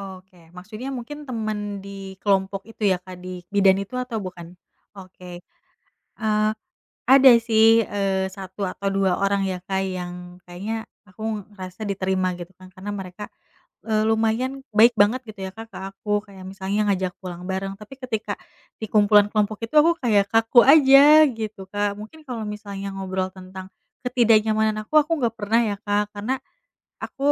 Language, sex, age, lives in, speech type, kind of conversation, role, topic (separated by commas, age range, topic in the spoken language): Indonesian, female, 30-34, Indonesia, conversation, advice, user, Bagaimana saya bisa menghadapi perasaan tersisih saat berkumpul dengan teman-teman?